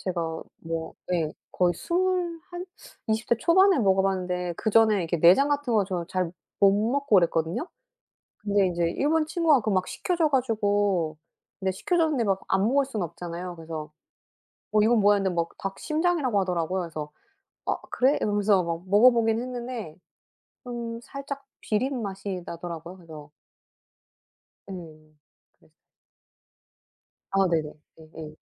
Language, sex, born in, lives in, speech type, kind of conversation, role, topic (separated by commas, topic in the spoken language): Korean, female, South Korea, South Korea, conversation, unstructured, none, 여행지에서 먹어 본 음식 중 가장 특이했던 것은 무엇인가요?